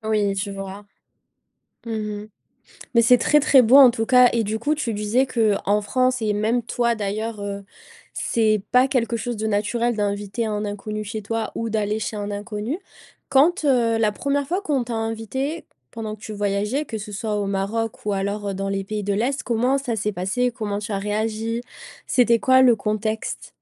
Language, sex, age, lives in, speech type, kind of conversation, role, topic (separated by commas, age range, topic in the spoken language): French, female, 25-29, France, conversation, podcast, host, Comment t’immerges-tu dans la culture d’un pays quand tu voyages ?